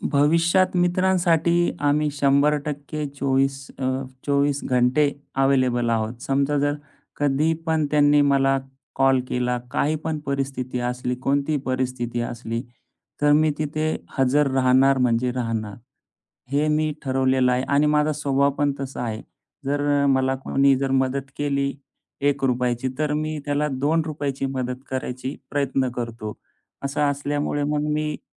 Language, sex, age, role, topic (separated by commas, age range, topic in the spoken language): Marathi, male, 35-39, guest, मित्रांनी संकटात कसा आधार दिला असा अनुभव सांगशील का?